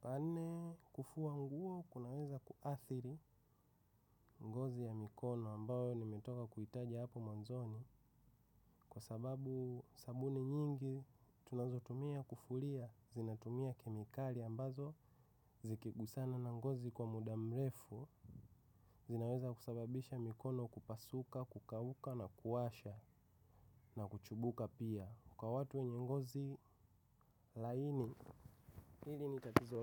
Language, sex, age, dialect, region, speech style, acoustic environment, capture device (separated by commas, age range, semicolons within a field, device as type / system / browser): Swahili, male, 25-34, Tanzania - Dar es Salaam, Tanzania, focused; excited; relaxed, silence; nature; appliances, mobile / macOS / Safari